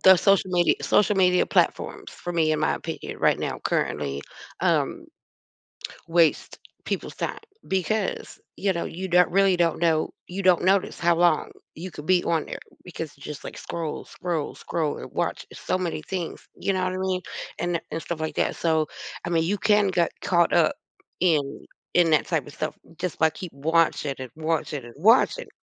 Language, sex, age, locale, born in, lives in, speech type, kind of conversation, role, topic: English, female, 45-49, en-US, United States, United States, conversation, unstructured, none, How can we recognize and change habits that make us less productive?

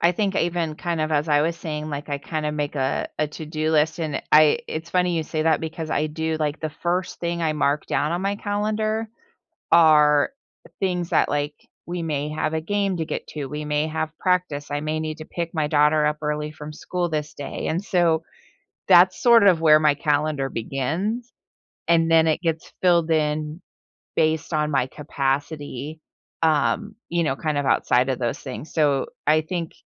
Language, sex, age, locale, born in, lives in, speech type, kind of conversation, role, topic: English, female, 45-49, en-US, United States, United States, conversation, podcast, guest, What habits or routines help you manage stress at work?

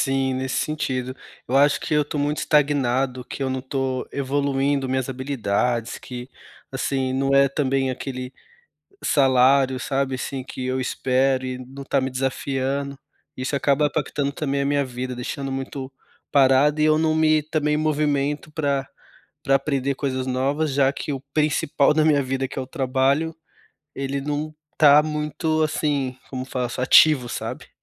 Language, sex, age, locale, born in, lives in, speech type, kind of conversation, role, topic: Portuguese, male, 30-34, pt-BR, Brazil, Germany, conversation, advice, user, Como é a sensação de viver uma vida monótona, sem hobbies nem propósito?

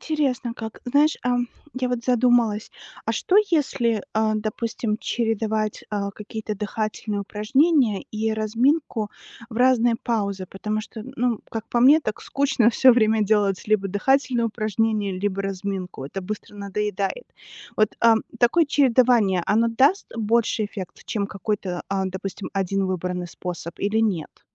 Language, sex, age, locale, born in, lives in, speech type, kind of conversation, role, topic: Russian, female, 40-44, ru-RU, Belarus, United States, read, expert-assistant, asker, Каким образом можно разумно чередовать работу и отдых, чтобы тело чувствовало себя лучше?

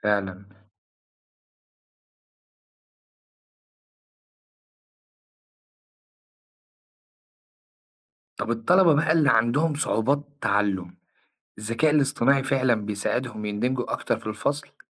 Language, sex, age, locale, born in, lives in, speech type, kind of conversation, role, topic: Arabic, male, 25-29, ar-EG, Egypt, Egypt, read, expert-assistant, asker, فيه كلام كتير عن الذكاء الاصطناعي في التعليم، بس هل فعلاً الأدوات دي بتساعد الطلبة يتعلموا أحسن ولا فيه مبالغة في تأثيرها؟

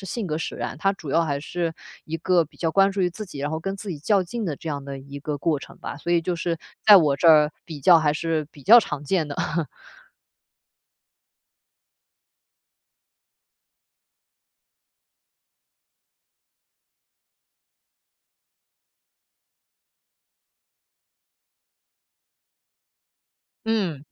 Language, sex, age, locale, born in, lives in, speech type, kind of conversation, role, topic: Chinese, female, 25-29, zh-CN, China, Germany, conversation, podcast, guest, 你怎样从比较中抽身出来？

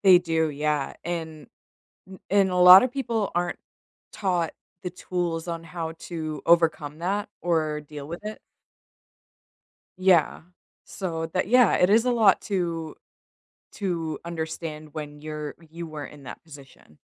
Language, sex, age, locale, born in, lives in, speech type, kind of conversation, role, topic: English, female, 30-34, en-US, United States, United States, conversation, unstructured, none, When is it okay to cut ties with toxic family members?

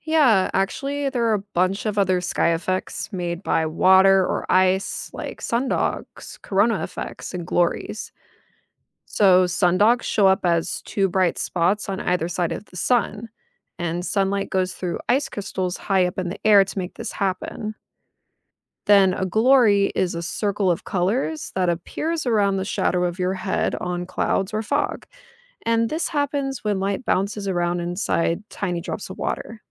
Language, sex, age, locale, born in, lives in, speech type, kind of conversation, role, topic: English, female, 30-34, en-US, United States, United States, read, expert-assistant, expert, Why do rainbows form after it rains?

